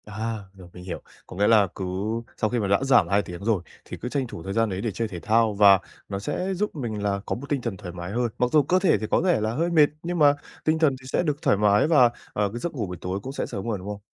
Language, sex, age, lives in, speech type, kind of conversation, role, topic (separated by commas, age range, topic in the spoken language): Vietnamese, male, 20-24, Japan, conversation, advice, user, Làm sao để giữ ranh giới giữa công việc và thời gian rảnh khi bạn làm việc quá nhiều?